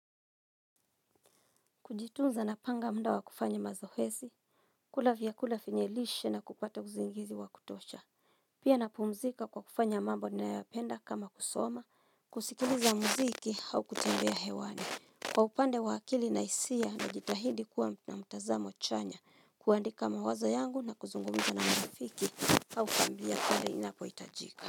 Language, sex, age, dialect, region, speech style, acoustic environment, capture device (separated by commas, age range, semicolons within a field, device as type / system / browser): Swahili, female, 35-44, Kenya - Nairobi Sheng-influenced, Kenya, happy, silence, mobile / Linux / Chrome